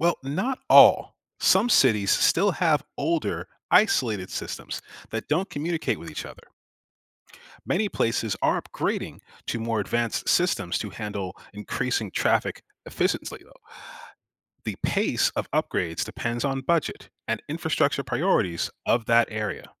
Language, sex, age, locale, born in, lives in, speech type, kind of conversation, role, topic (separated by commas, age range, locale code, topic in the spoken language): English, male, 35-39, en-US, United States, United States, read, expert-assistant, expert, How do traffic signals communicate with each other?